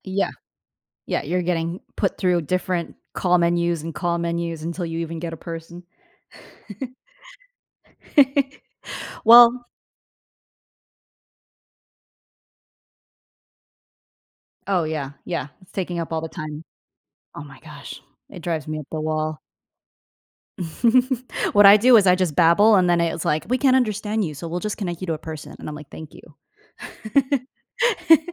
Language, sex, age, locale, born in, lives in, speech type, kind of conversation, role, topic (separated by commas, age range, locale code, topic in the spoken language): English, female, 25-29, en-US, United States, United States, conversation, podcast, host, How can you use credit cards wisely to improve your financial well-being?